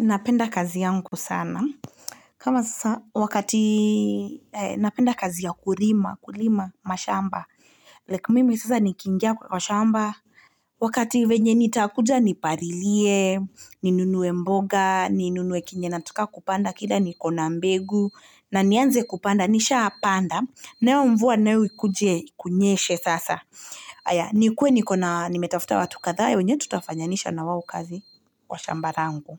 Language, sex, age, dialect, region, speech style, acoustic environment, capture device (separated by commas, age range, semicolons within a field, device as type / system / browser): Swahili, female, 25-34, Kenya - Nairobi Sheng-influenced, Kenya, happy; relaxed, silence, mobile / Linux / Chrome